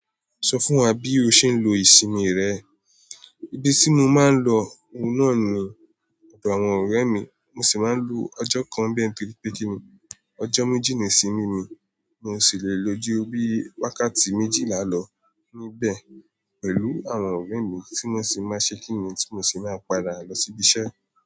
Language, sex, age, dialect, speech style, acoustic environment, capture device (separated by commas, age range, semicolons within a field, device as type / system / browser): Yoruba, male, 18-24, Nigeria - Nigerian Standard, relaxed, silence, mobile / Android / Chrome